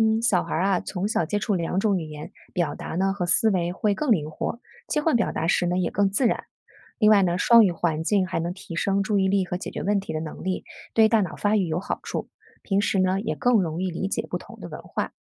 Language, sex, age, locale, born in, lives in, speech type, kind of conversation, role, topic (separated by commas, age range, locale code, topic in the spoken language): Chinese, female, 40-44, zh-CN, China, United States, read, expert-assistant, expert, 说普通话和说家乡话，各自有哪些好处和不便？